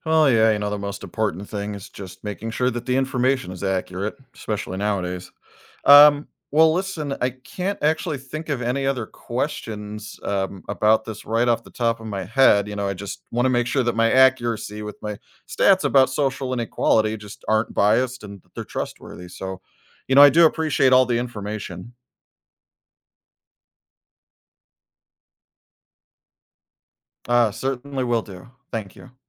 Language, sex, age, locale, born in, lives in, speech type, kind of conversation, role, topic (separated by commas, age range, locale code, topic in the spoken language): English, male, 35-39, en-US, United States, United States, read, expert-assistant, asker, How can you identify trustworthy data on social inequality?